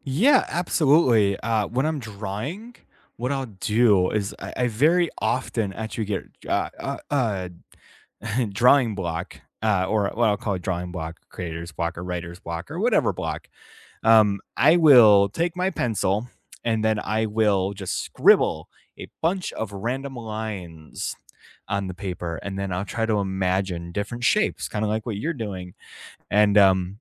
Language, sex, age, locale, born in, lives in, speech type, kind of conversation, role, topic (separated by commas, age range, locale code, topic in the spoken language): English, male, 25-29, en-US, United States, United States, conversation, unstructured, none, What hobby have you rediscovered recently, and why?